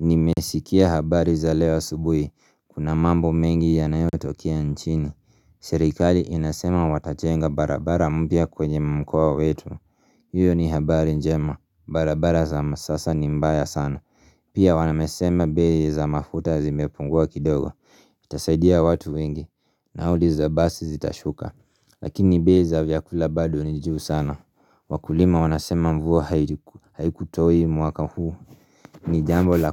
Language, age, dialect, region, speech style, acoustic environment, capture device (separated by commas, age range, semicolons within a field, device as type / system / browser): Swahili, 25-34, Kenya - Nairobi Sheng-influenced, Kenya, relaxed, silence, mobile / Linux / Chrome